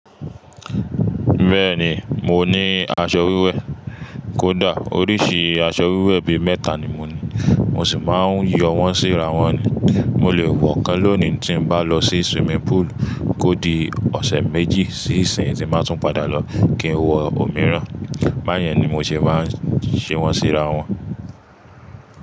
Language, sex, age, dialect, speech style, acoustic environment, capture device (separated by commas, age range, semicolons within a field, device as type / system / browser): Yoruba, male, 25-34, Nigeria - Ibadan, relaxed, appliances, mobile / Linux / Chrome